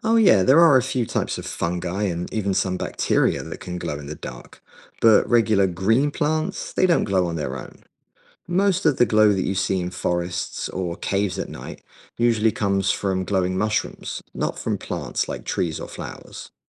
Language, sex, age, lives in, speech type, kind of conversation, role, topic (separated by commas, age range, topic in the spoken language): English, male, 40-44, United States, read, expert-assistant, expert, How and why do some animals and fungi glow in the dark?